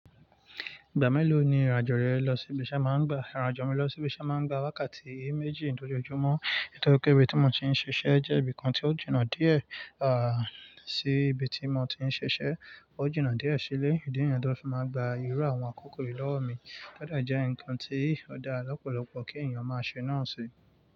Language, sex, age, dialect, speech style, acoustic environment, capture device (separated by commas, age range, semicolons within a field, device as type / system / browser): Yoruba, male, 25-34, Nigeria - Nigerian Standard, relaxed, nature, mobile / Linux / Chrome